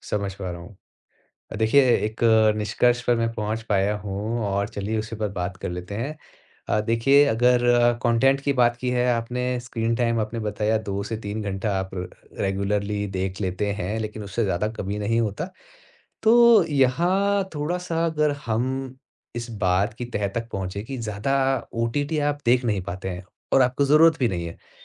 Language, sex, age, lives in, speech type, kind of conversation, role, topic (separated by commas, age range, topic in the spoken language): Hindi, male, 35-39, India, conversation, advice, advisor, मुझे बढ़ते सदस्यता खर्च के बीच कौन-कौन सी सेवाएँ बंद करनी चाहिए?